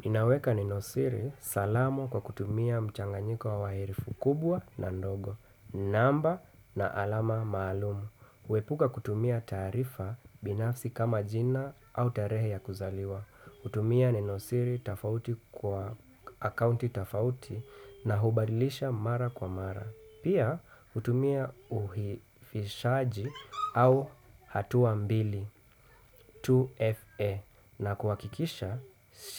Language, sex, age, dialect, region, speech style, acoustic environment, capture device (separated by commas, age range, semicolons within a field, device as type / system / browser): Swahili, male, 25-34, Kenya - Nairobi Sheng-influenced, Kenya, relaxed, people talking, desktop / Windows / Chrome